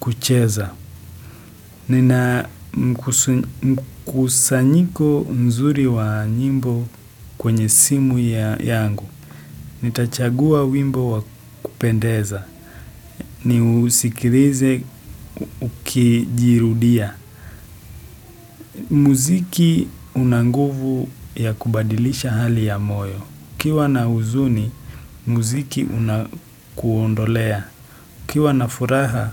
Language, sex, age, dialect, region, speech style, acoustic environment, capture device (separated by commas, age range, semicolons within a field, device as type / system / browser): Swahili, male, 18-24, Kenya - Nairobi Sheng-influenced, Kenya, happy, silence, desktop / Windows / Chrome